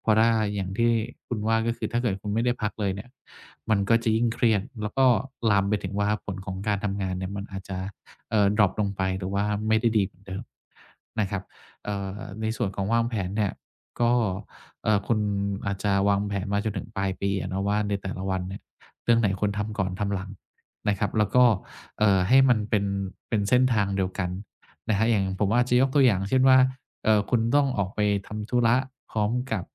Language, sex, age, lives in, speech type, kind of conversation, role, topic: Thai, male, 50-54, Thailand, conversation, advice, advisor, คุณควรทำกิจวัตรยามเย็นอย่างไรเพื่อช่วยผ่อนคลายก่อนเข้านอน?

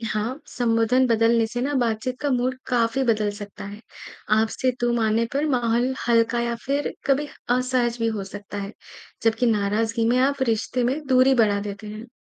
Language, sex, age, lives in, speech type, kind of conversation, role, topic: Hindi, male, 55-59, India, read, expert-assistant, expert, अलग-अलग भाषाओं में 'आप' और 'तुम' जैसे शब्दों का इस्तेमाल क्यों अलग होता है, और इससे लोगों के संबंधों पर क्या असर पड़ता है?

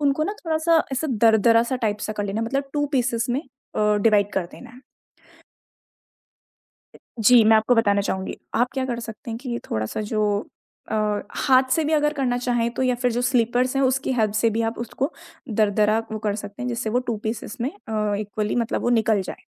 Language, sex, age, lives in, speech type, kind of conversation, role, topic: Hindi, female, 20-24, India, conversation, podcast, guest, Balcony ya chhoti jagah mein sabziyaan ugane ka sahi tareeqa kya hai?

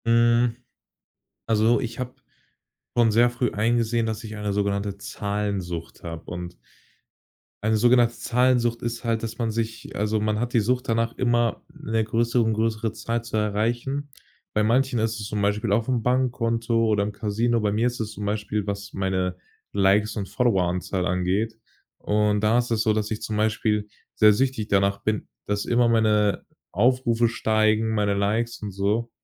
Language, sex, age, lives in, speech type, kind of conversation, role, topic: German, male, 18-19, Germany, conversation, podcast, guest, Welche Rolle spielen Likes in deinem Selbstbild?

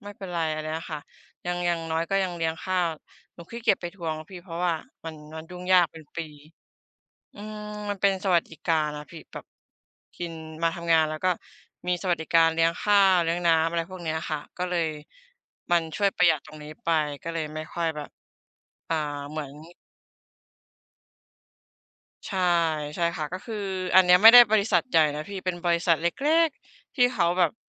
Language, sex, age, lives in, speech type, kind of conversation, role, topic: Thai, female, 25-29, Thailand, conversation, unstructured, none, เวลาคุณเจอปัญหาใหญ่ คุณมีวิธีจัดการอย่างไร?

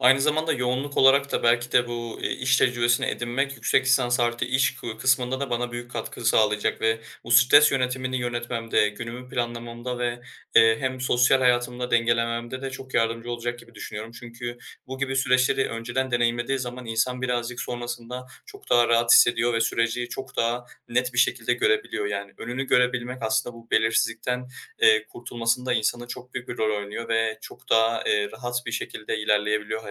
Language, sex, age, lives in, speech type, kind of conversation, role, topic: Turkish, male, 20-24, Germany, conversation, advice, user, Uzun dönem eğitim veya yüksek lisans için okula geri dönme kararını nasıl verdiniz?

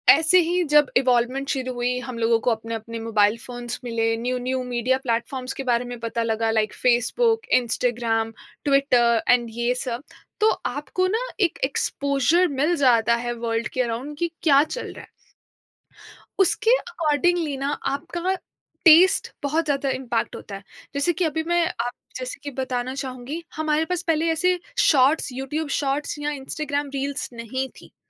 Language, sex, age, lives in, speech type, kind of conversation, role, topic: Hindi, female, 25-29, India, conversation, podcast, guest, Kya social media ke trends ne tumhare choices aur pasand ko influence kiya hai?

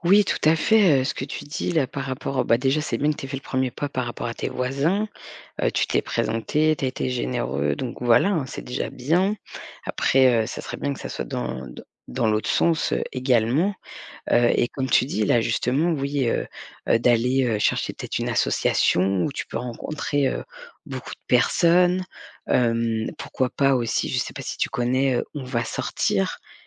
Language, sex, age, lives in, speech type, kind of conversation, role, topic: French, female, 40-44, France, conversation, advice, advisor, Comment avez-vous vécu l’isolement social après votre déménagement dans une nouvelle ville ?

